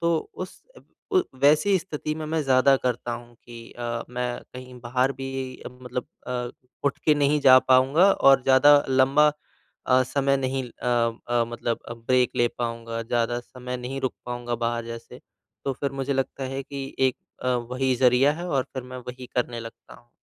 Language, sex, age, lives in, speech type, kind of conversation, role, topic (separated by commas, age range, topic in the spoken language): Hindi, male, 25-29, India, conversation, advice, user, बीच में नाश्ता करने की तलब कम करने के लिए मैं योजना कैसे बनाऊँ और अपनी तलब बढ़ाने वाले कारणों को कैसे संभालूँ?